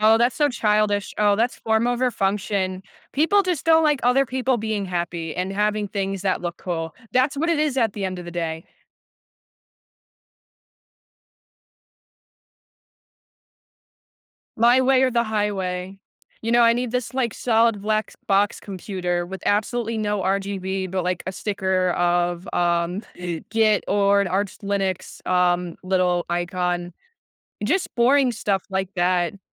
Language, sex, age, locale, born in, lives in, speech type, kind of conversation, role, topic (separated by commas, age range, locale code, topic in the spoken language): English, other, 20-24, en-US, United States, United States, conversation, podcast, host, Why do hobbies play an important role in helping us cope with stress?